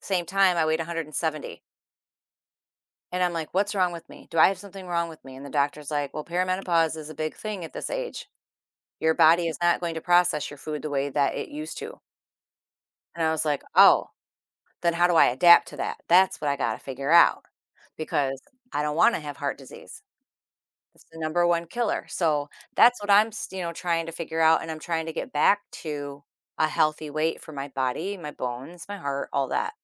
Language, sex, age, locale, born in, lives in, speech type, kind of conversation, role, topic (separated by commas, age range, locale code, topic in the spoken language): English, female, 50-54, en-US, United States, United States, conversation, advice, user, How can I set kind boundaries without feeling guilty?